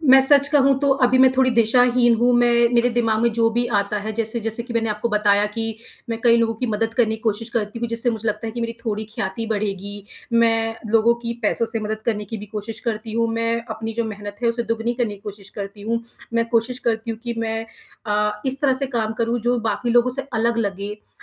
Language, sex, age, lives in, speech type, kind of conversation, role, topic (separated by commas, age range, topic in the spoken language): Hindi, female, 30-34, India, conversation, advice, user, मैं अपने समुदाय में पहचान और स्वीकार्यता पाने के लिए कैसे योगदान दे सकता/सकती हूँ?